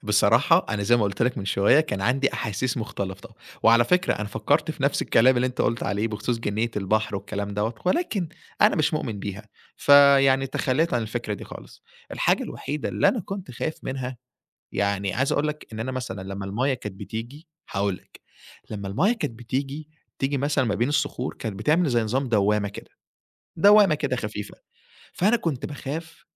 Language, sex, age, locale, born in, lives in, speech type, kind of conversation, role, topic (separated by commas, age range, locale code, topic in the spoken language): Arabic, male, 20-24, ar-EG, Egypt, Egypt, conversation, podcast, guest, احكيلي عن لحظة شروق أو غروب أثّرت فيك؟